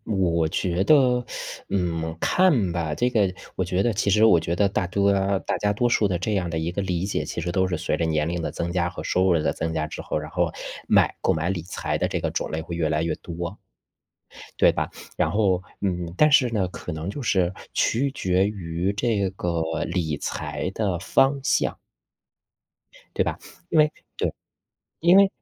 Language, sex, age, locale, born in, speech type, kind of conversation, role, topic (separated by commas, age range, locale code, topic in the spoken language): Chinese, male, 35-39, zh-CN, China, conversation, unstructured, none, 你平时是怎么管理自己的钱的？